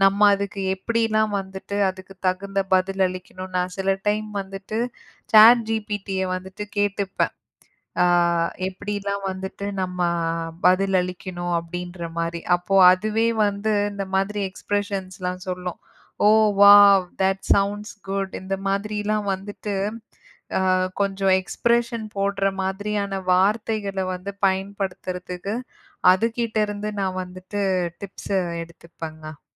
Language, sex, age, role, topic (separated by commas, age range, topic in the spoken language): Tamil, female, 35-39, guest, மொழி மாறும்போது உங்கள் உணர்வுகளும் மாறுகிறதா?